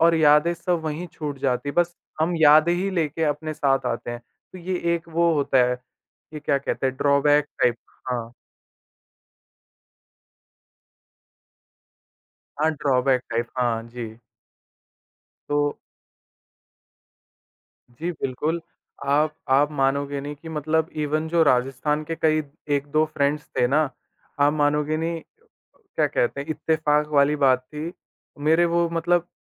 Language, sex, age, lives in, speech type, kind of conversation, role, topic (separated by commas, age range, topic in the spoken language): Hindi, male, 18-19, India, conversation, podcast, guest, Migration ne aapke parivaar ki sanskriti ko kaise prabhavit kiya?